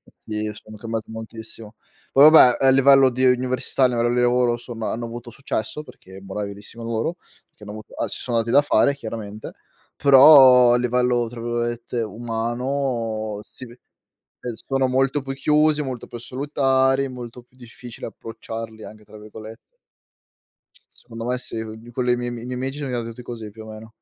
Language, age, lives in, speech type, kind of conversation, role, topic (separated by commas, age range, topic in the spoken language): Italian, 25-29, Italy, conversation, unstructured, none, Come gestisci il tuo tempo tra lo studio e il tempo libero?